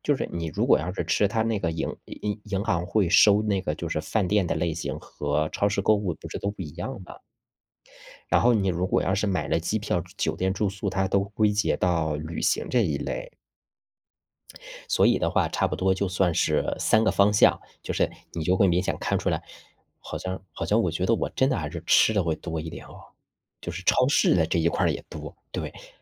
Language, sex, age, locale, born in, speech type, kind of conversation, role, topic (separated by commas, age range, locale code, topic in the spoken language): Chinese, male, 35-39, zh-CN, China, conversation, unstructured, none, 你平时是怎么管理自己的钱的？